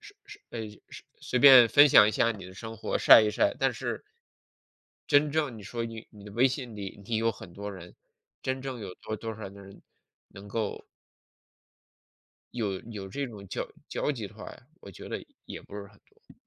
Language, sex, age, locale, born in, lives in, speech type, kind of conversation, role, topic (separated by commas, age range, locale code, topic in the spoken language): Chinese, male, 40-44, zh-CN, China, United States, conversation, unstructured, none, 你觉得怎样才算是好的朋友？